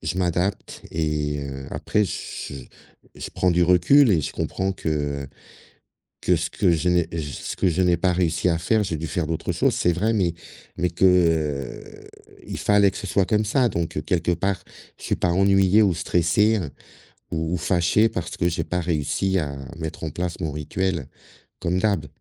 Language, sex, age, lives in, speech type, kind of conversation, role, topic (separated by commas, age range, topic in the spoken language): French, male, 55-59, Portugal, conversation, podcast, guest, As-tu un rituel pour te déconnecter le week-end, et peux-tu nous le raconter ?